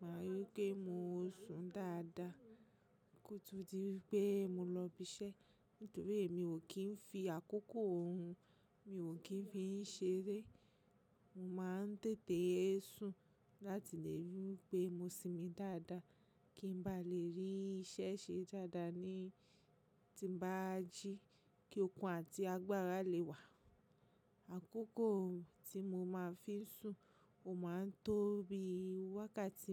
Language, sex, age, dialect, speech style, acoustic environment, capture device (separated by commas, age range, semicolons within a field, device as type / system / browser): Yoruba, female, 25-34, Nigeria - Lagos Yoruba, relaxed, silence, mobile / macOS / Safari